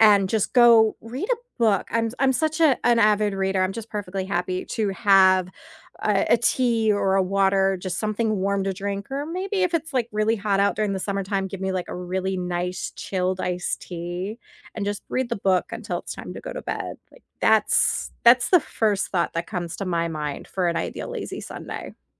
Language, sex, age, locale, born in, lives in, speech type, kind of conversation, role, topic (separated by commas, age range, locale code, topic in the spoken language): English, female, 30-34, en-US, United States, United States, conversation, unstructured, none, What is your ideal lazy Sunday, from your first coffee to your evening wind-down?